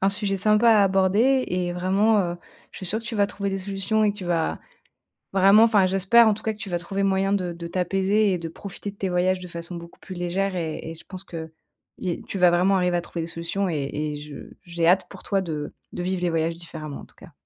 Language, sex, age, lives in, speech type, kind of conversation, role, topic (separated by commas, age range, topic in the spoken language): French, female, 30-34, France, conversation, advice, advisor, Comment puis-je mieux gérer l’anxiété et le stress avant et pendant un voyage ?